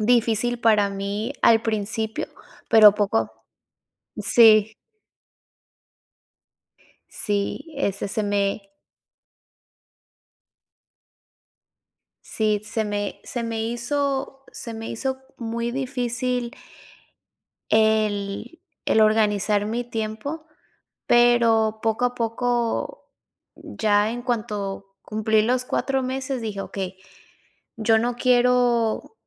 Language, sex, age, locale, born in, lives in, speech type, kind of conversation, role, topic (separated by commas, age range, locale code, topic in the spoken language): Spanish, female, 30-34, es-MX, Mexico, United States, conversation, podcast, guest, ¿Cómo manejas la presión social al tomar decisiones personales?